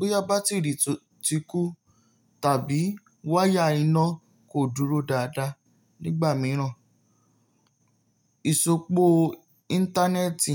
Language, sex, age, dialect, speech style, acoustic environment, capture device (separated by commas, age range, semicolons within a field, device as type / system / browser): Yoruba, male, 18-24, Nigeria - Nigerian Standard, focused, nature, mobile / Linux / Chrome